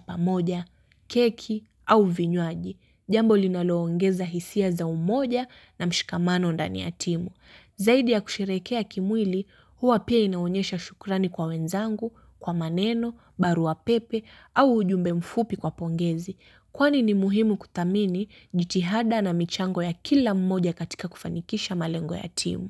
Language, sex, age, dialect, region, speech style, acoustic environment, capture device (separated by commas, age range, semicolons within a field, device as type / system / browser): Swahili, female, 18-24, Kenya - Mombasa, Kenya, happy; relaxed, nature, mobile / Linux / Chrome